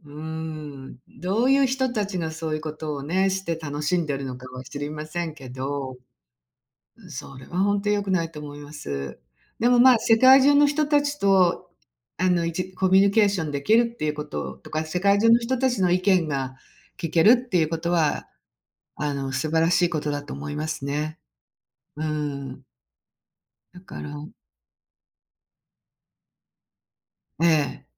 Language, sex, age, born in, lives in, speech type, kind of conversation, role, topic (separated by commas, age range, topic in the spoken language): Japanese, female, 60-64, Japan, United States, conversation, unstructured, none, SNSの影響は良いと思いますか、それとも悪いと思いますか？